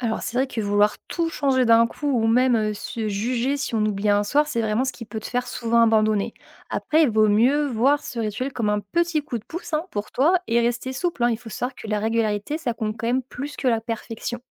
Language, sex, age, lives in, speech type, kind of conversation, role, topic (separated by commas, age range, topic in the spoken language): French, female, 25-29, France, read, expert-assistant, expert, Quelles petites habitudes peuvent aider les infirmiers à mieux gérer le stress au travail ?